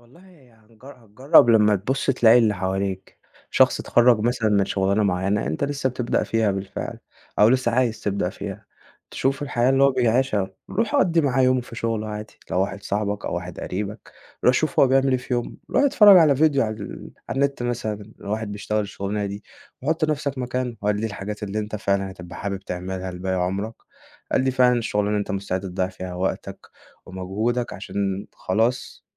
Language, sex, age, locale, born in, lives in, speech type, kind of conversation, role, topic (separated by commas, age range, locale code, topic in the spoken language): Arabic, male, 20-24, ar-EG, Egypt, Egypt, conversation, podcast, guest, إيه أهم درس اتعلّمته من الفشل؟